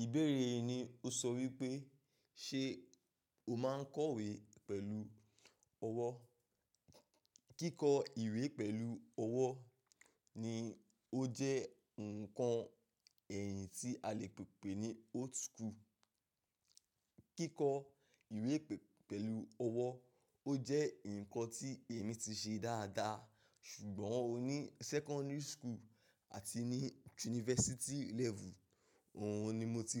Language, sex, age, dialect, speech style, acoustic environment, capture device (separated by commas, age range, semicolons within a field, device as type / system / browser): Yoruba, male, 25-34, Nigeria - Ibadan, focused, nature, mobile / macOS / Safari